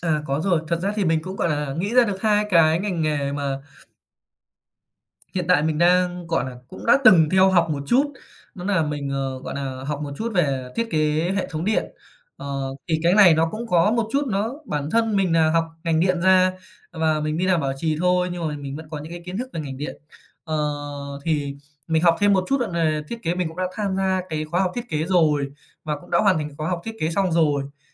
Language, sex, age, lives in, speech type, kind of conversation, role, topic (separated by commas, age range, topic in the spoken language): Vietnamese, male, 25-29, Japan, conversation, advice, user, Tôi nên quyết định đổi nghề như thế nào sau nhiều năm làm công việc hiện tại?